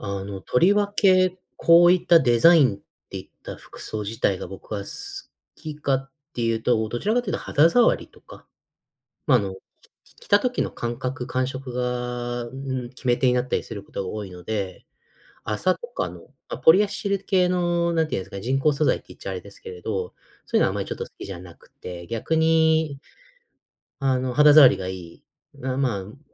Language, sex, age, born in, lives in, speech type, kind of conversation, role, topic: Japanese, male, 30-34, United States, United States, conversation, podcast, guest, いちばんお気に入りの服はどんな服で、なぜそれが好きなのですか？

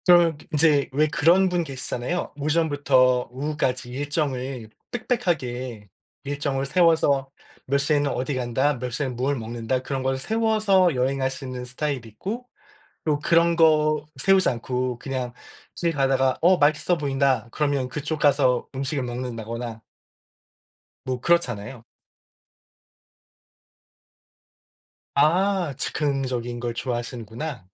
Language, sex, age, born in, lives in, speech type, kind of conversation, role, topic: Korean, male, 45-49, South Korea, United States, conversation, unstructured, none, 혼자 여행할 때 가장 걱정되는 점은 무엇인가요?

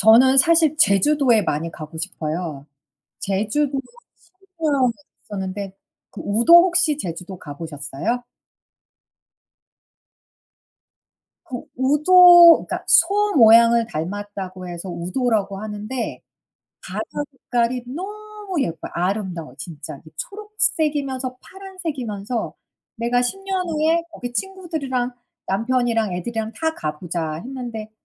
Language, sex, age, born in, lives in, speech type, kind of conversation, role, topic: Korean, female, 45-49, United States, United States, conversation, unstructured, none, 친구와 함께한 추억 중 가장 재미있었던 일은 무엇인가요?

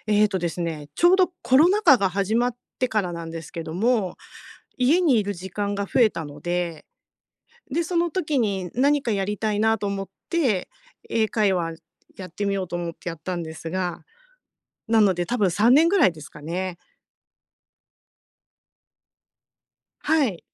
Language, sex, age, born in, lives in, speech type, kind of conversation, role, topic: Japanese, female, 50-54, Japan, Japan, conversation, advice, user, 趣味を毎日の習慣として続けるには、どうすればいいですか？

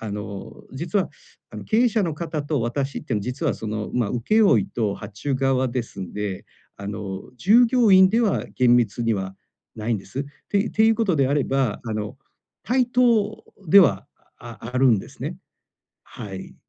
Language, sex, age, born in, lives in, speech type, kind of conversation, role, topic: Japanese, male, 45-49, Japan, Japan, conversation, advice, user, 休息を優先しながら、やんわり断るにはどうすればよいですか？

